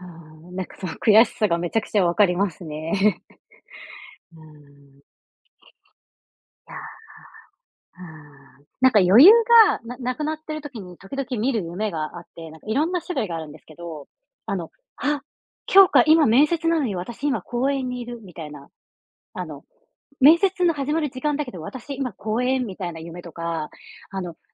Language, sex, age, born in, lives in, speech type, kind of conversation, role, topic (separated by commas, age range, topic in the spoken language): Japanese, female, 40-44, Japan, Japan, conversation, unstructured, none, 失敗から学んだことは何ですか？